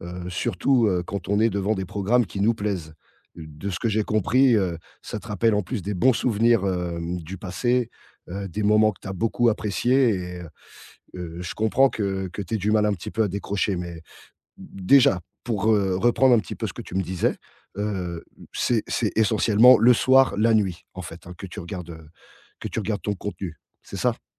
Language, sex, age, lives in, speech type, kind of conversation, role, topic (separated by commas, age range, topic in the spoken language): French, male, 40-44, France, conversation, advice, advisor, Comment le temps passé sur les écrans nuit-il à votre sommeil ?